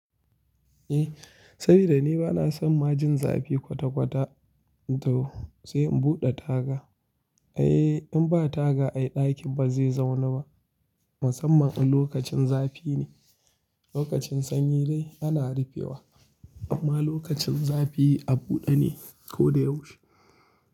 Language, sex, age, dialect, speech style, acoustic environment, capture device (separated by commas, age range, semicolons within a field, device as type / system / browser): Hausa, male, 25-34, Nigeria - Kano, happy; anxious; tired; relaxed; focused; excited; nervous; stressed, people talking; music; nature; silence; traffic; construction; appliances, mobile / Linux / Chrome